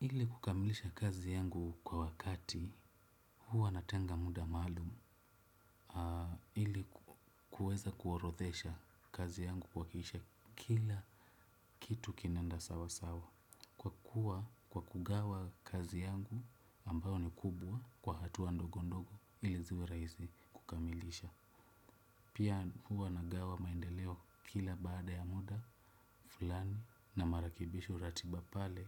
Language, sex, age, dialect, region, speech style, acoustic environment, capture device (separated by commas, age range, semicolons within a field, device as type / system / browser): Swahili, male, 25-34, Kenya - Nairobi Sheng-influenced, Kenya, relaxed; happy, silence, desktop / Windows / Chrome